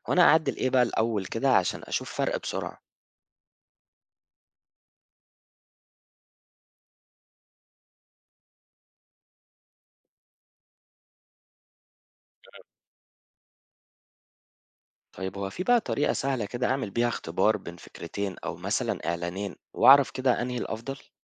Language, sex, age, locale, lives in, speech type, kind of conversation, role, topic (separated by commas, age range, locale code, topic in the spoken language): Arabic, male, 25-29, ar-EG, Egypt, read, expert-assistant, asker, إزاي أقدر أتعلم أحدث طرق التسويق الرقمي وأطبقها في شغلي بسرعة وكفاءة؟